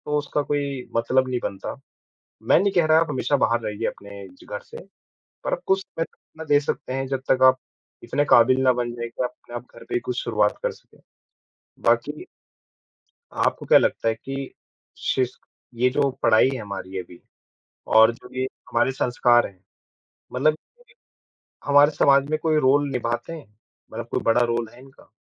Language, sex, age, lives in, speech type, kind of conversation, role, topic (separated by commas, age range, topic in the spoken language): Hindi, male, 25-29, India, conversation, unstructured, none, आपके हिसाब से एक अच्छा समाज कैसा होना चाहिए?